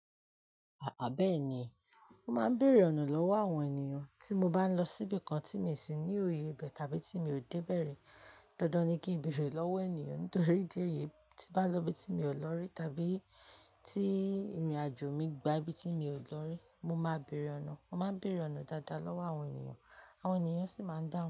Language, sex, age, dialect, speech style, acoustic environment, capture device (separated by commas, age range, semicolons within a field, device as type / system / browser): Yoruba, female, 25-34, Nigeria - Ibadan, happy, people talking, mobile / Linux / Chrome